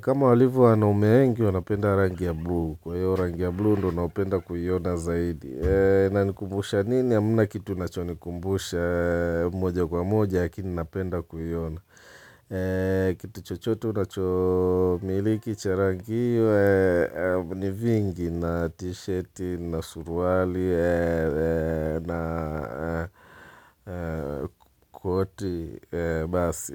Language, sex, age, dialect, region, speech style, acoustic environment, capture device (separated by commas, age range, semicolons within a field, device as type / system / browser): Swahili, male, 35-44, Tanzania - Dar es Salaam, Tanzania, relaxed, people talking, mobile / Linux / Chrome